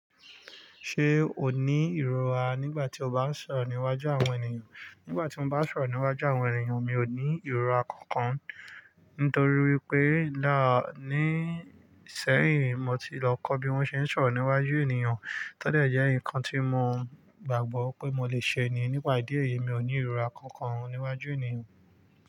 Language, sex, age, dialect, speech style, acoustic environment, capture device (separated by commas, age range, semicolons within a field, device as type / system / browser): Yoruba, male, 25-34, Nigeria - Lagos Yoruba, focused, nature, mobile / Linux / Chrome